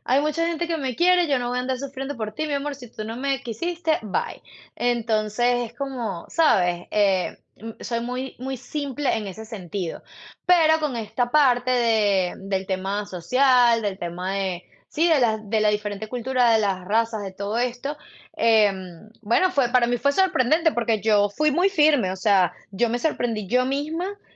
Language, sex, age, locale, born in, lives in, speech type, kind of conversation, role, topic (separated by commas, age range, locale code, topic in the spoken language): Spanish, female, 35-39, es-VE, Venezuela, United States, conversation, unstructured, none, ¿Qué ha sido lo más sorprendente que has vivido en el amor?